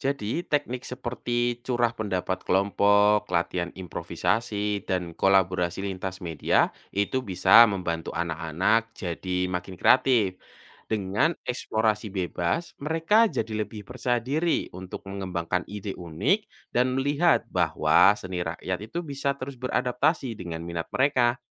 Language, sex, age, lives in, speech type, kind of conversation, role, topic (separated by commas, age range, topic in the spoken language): Indonesian, male, 25-29, Indonesia, read, expert-assistant, expert, Dari berbagai bentuk seni rakyat di Indonesia, mana yang sebaiknya diprioritaskan untuk diajarkan kepada generasi muda agar tidak punah?